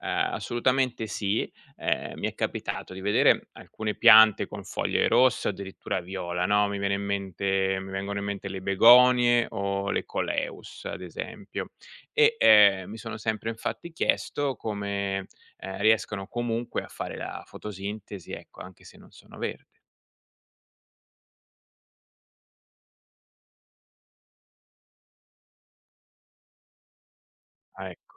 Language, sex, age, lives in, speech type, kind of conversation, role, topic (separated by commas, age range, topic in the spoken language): Italian, male, 40-44, Italy, read, expert-assistant, asker, Come avviene la fotosintesi nelle piante?